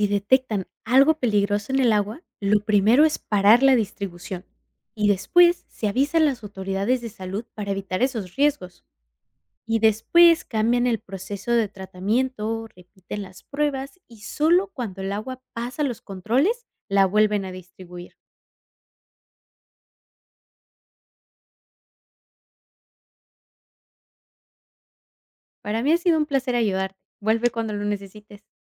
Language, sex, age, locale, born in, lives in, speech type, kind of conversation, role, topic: Spanish, female, 25-29, es-MX, Mexico, United States, read, expert-assistant, expert, Describe el proceso de desalinización y su utilidad en zonas áridas.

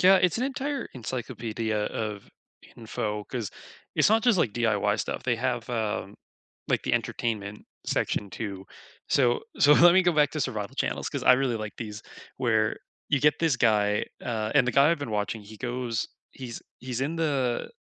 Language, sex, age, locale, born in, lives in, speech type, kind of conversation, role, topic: English, male, 25-29, en-CA, Canada, Canada, conversation, unstructured, none, What YouTube DIY channels, tips, and takeaways have helped you learn the most?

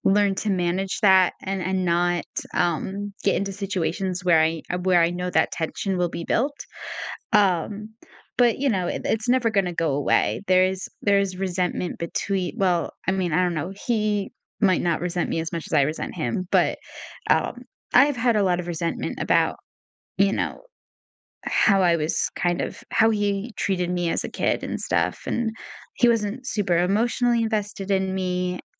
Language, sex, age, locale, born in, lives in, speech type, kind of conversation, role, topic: English, female, 20-24, en-US, United States, United States, conversation, advice, user, How should I handle discovering a shocking family secret?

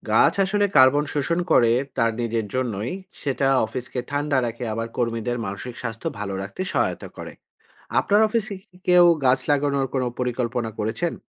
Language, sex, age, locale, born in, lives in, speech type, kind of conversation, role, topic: Bengali, male, 40-44, bn-BD, Bangladesh, Finland, read, expert-assistant, expert, ‘কার্বন ফুটপ্রিন্ট’ বলতে কী বোঝায়, এবং আমাদের দৈনন্দিন জীবনে এটি কীভাবে প্রভাব ফেলে?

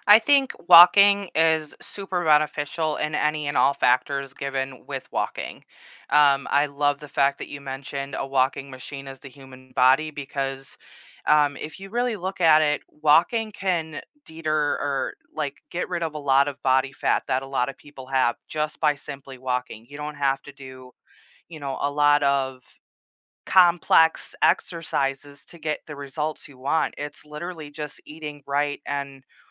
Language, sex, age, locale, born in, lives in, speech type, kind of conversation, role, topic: English, female, 30-34, en-US, United States, United States, conversation, podcast, guest, In what ways can regular physical activity improve your mental well-being?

